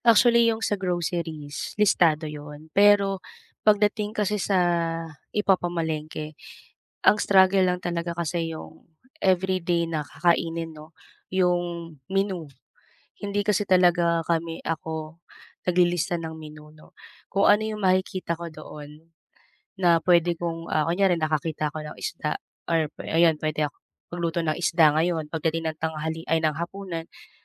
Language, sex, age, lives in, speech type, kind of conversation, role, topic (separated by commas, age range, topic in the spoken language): Filipino, female, 35-39, Philippines, conversation, advice, user, Paano ako makakapamili nang hindi lumalampas sa badyet?